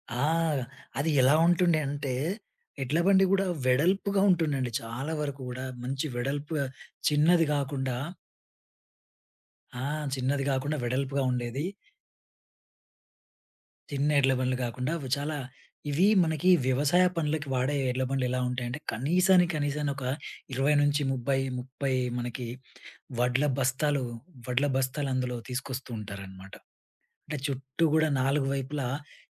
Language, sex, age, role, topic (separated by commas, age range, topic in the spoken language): Telugu, male, 30-34, guest, ఒక స్థానిక పండుగలో మీరు భాగమైనప్పుడు మీకు గుర్తుండిపోయిన క్షణం ఏది?